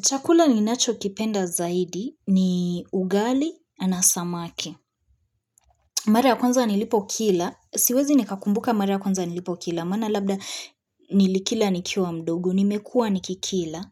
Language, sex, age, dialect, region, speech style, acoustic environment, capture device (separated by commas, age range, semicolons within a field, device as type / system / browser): Swahili, female, 25-34, Kenya - Nairobi Sheng-influenced, Kenya, focused; relaxed, appliances; people talking, mobile / Linux / Chrome